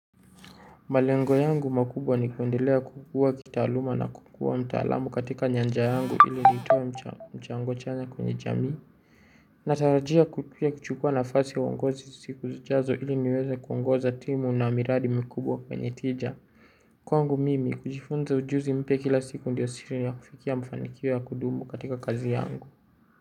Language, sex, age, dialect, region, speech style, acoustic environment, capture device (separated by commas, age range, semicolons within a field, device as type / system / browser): Swahili, male, 18-24, Kenya - Nairobi Sheng-influenced, Kenya, relaxed, appliances, mobile / Linux / Chrome